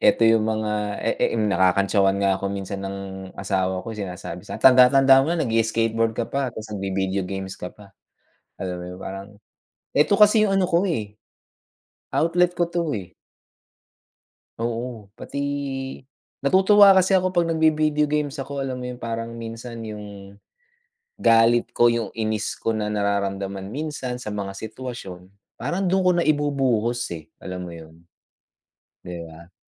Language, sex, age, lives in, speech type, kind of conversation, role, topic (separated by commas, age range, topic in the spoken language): Filipino, male, 45-49, United States, conversation, unstructured, none, Ano sa tingin mo ang pinakamahirap na libangan na subukan, paano mo mahihikayat ang sarili mong magpatuloy sa pag-aaral ng bagong kasanayan, at aling libangan ang nakatutulong sa kalusugang pangkaisipan?